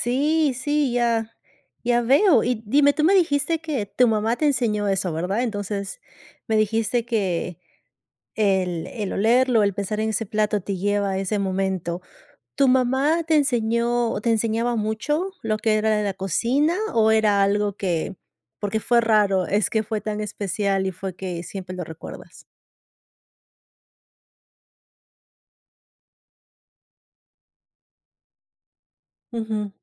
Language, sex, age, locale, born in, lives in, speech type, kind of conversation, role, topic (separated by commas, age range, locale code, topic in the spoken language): Spanish, female, 35-39, es-PE, Peru, United States, conversation, podcast, host, ¿Qué comida te conecta con tus raíces?